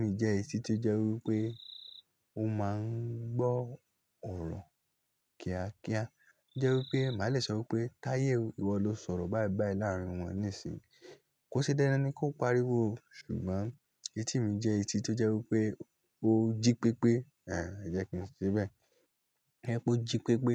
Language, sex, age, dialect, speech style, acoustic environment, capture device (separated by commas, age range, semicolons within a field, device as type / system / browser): Yoruba, male, 18-24, Nigeria - Lagos Yoruba, relaxed; happy, nature; silence, mobile / Linux / Chrome